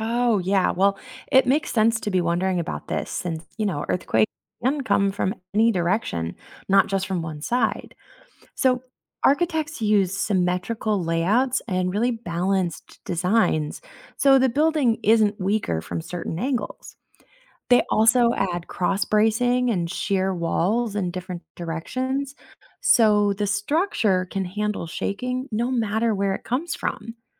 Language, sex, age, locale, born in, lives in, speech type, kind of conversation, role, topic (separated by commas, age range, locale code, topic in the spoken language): English, female, 30-34, en-US, United States, United States, read, expert-assistant, expert, How do architects design buildings to withstand earthquakes?